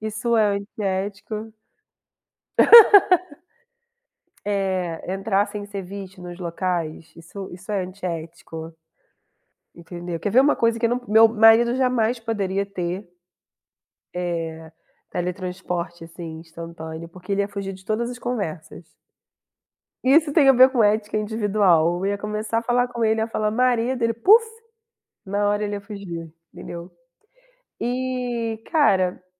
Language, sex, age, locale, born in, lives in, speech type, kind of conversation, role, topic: Portuguese, female, 30-34, pt-BR, Brazil, Germany, conversation, unstructured, none, Como você usaria a capacidade de se teletransportar instantaneamente para qualquer lugar?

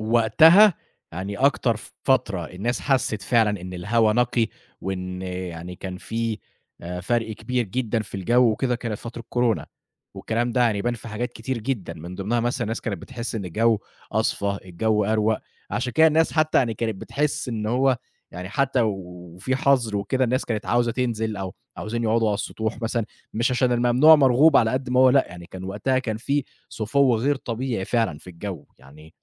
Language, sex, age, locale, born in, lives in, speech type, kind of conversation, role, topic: Arabic, male, 25-29, ar-EG, Egypt, Egypt, conversation, podcast, guest, إيه رأيك في تغيّر المناخ وإزاي بيأثر علينا؟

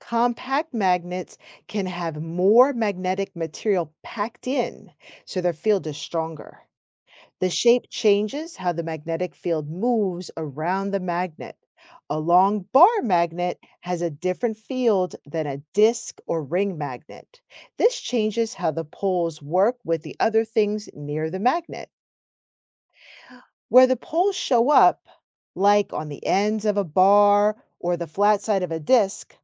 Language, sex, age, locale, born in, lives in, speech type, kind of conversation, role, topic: English, female, 45-49, en-US, United States, United States, read, expert-assistant, expert, How do magnets attract or repel each other?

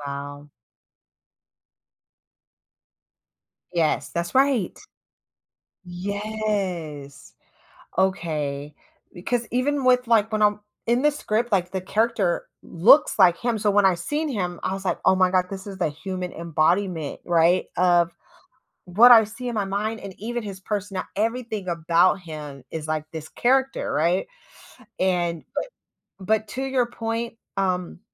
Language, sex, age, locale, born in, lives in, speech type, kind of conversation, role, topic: English, female, 35-39, en-US, United States, United States, conversation, advice, user, How can I reduce work-life stress?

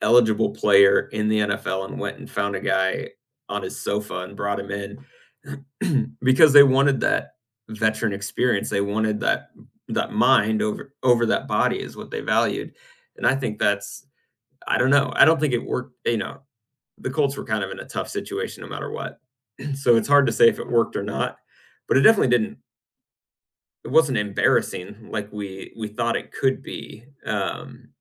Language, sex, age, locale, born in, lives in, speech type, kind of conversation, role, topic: English, male, 35-39, en-US, United States, United States, conversation, unstructured, none, When should a coach bench a veteran in favor of a rookie, and how should they explain that decision to fans?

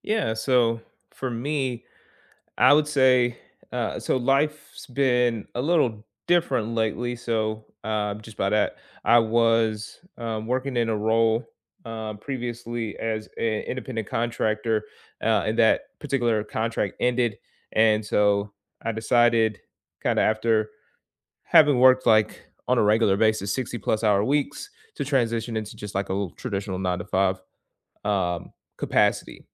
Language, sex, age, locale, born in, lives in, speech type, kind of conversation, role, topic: English, male, 25-29, en-US, United States, United States, conversation, unstructured, none, How do you balance rest, nutrition, and exercise in your day-to-day life?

